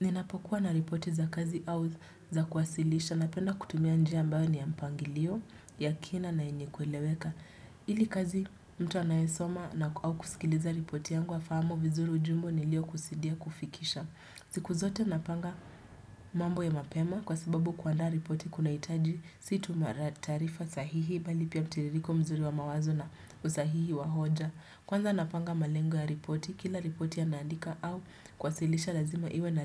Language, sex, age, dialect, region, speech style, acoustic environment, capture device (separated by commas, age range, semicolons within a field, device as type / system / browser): Swahili, female, 18-24, Kenya - Nairobi Sheng-influenced, Kenya, relaxed; focused, people talking; music; appliances; construction, desktop / Windows / Chrome